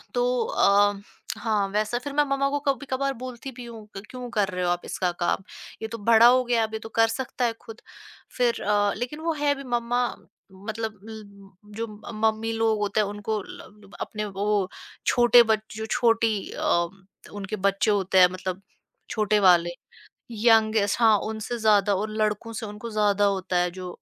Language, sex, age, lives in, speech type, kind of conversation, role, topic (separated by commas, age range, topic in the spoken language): Hindi, female, 25-29, India, conversation, podcast, guest, Aap daily safai ka aisa routine kaise set karte ho jo aasani se follow ho sake?